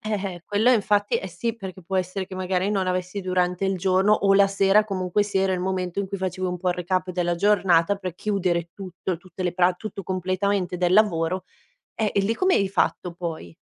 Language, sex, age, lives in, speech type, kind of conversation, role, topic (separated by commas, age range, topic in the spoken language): Italian, female, 30-34, Italy, conversation, podcast, host, Come gestisci il telefono e gli schermi prima di dormire?